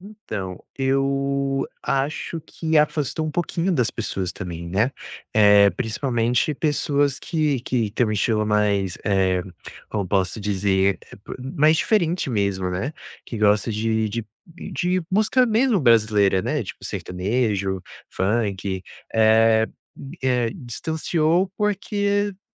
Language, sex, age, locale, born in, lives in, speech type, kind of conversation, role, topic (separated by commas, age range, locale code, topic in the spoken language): Portuguese, male, 20-24, pt-BR, Brazil, United States, conversation, podcast, guest, Como surgiu o seu gosto musical?